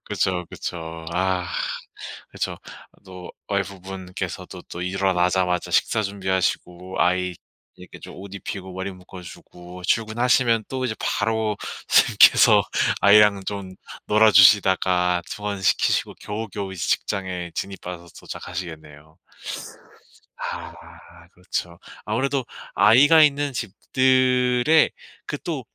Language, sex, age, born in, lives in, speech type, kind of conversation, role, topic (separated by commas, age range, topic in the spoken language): Korean, male, 25-29, South Korea, South Korea, conversation, advice, advisor, 간단하고 지속 가능한 아침 습관을 어떻게 설계하고 꾸준히 유지할 수 있을까요?